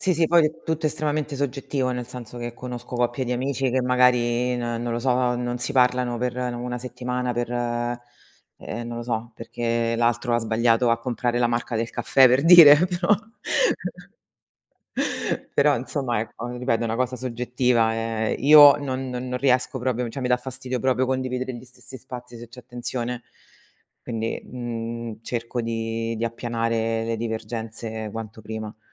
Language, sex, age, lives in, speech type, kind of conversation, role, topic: Italian, female, 35-39, Italy, conversation, unstructured, none, Come gestisci i litigi in una relazione?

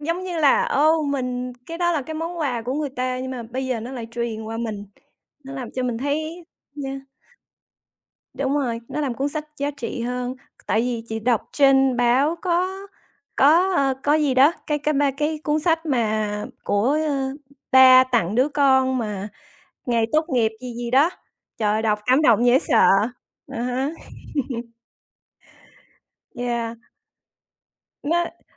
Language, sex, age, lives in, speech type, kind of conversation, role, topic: Vietnamese, female, 40-44, United States, conversation, unstructured, none, Bạn cân nhắc những yếu tố nào khi chọn một cuốn sách để đọc?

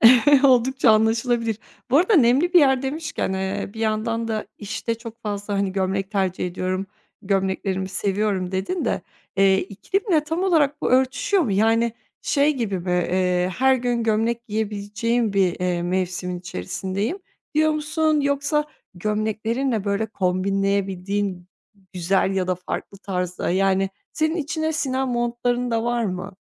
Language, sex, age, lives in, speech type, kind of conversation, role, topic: Turkish, female, 30-34, Bulgaria, conversation, podcast, host, Gardırobundaki vazgeçilmez parçalar nelerdir?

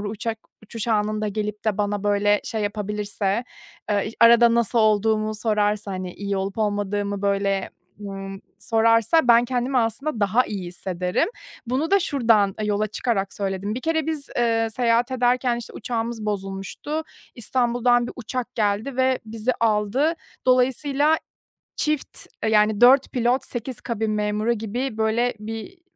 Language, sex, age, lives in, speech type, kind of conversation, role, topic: Turkish, female, 30-34, Germany, conversation, advice, user, Seyahat planlarken yaşadığım stresi nasıl daha iyi yönetebilirim?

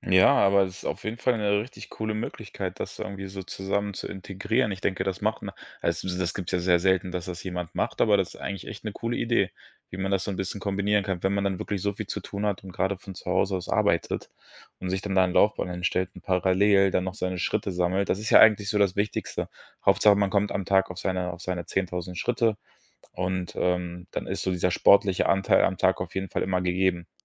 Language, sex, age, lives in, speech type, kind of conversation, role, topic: German, male, 25-29, Germany, conversation, podcast, host, Wie integrierst du Bewegung in einen vollen Alltag?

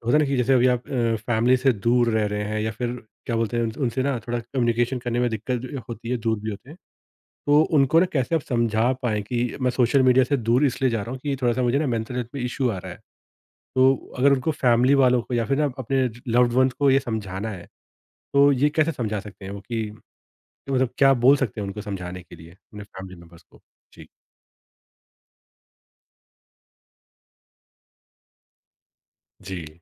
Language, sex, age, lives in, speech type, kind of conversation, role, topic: Hindi, male, 30-34, India, conversation, podcast, host, Tum social media use karte hue apni mental health ko kaise protect karte ho?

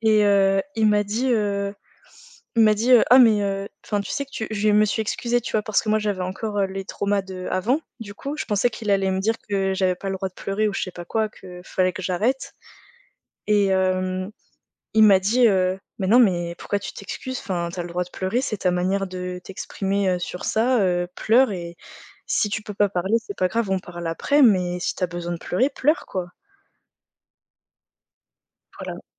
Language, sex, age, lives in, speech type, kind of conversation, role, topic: French, female, 20-24, France, conversation, unstructured, none, Comment réagis-tu si ton partenaire ne te soutient pas dans les moments difficiles ?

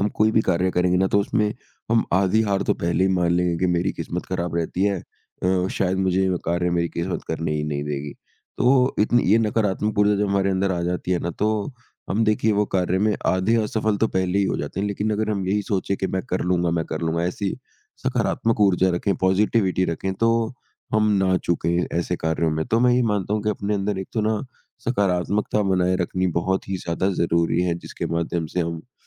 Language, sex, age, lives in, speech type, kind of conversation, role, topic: Hindi, male, 55-59, India, conversation, podcast, guest, किस्मत बनाम मेहनत पर तुम क्या सोचते हो?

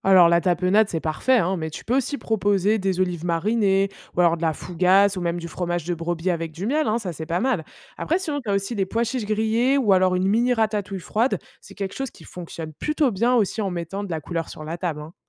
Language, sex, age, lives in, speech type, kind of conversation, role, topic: French, female, 25-29, France, read, expert-assistant, expert, Comment trouver un équilibre entre parler comme tout le monde et garder sa propre façon de s’exprimer ?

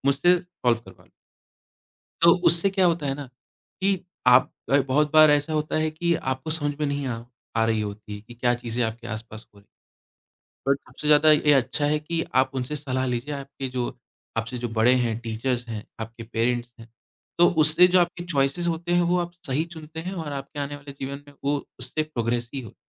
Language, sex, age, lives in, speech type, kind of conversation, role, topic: Hindi, male, 25-29, India, conversation, podcast, guest, Jab vikalp bahut zyada ho jaate hain, aap faisla lena kaise shuru karte hain?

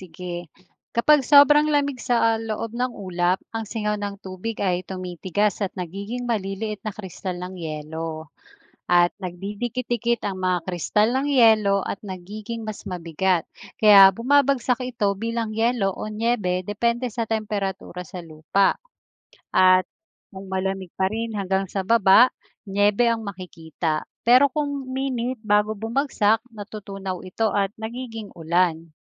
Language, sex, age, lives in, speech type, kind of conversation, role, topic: Filipino, female, 40-44, Philippines, read, expert-assistant, expert, Ano ang proseso ng pagbuo ng ulap?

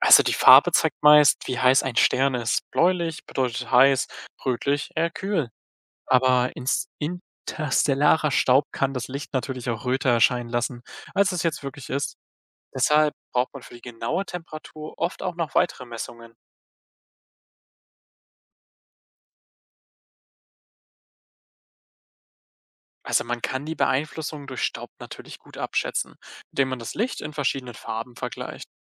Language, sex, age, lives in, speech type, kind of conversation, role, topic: German, male, 20-24, Germany, read, expert-assistant, expert, Ist es korrekt, dass die Farbe eines Sterns immer seine Temperatur anzeigt? Was sagen aktuelle Forschungen dazu?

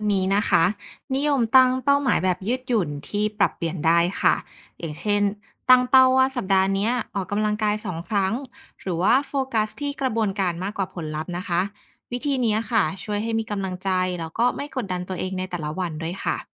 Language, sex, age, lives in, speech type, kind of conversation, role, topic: Thai, female, 30-34, Thailand, read, expert-assistant, expert, ถ้าอยากตั้งเป้าหมายที่เข้ากับชีวิตจริงของเราเอง มีไอเดียใหม่ ๆ อะไรบ้างที่น่าลอง?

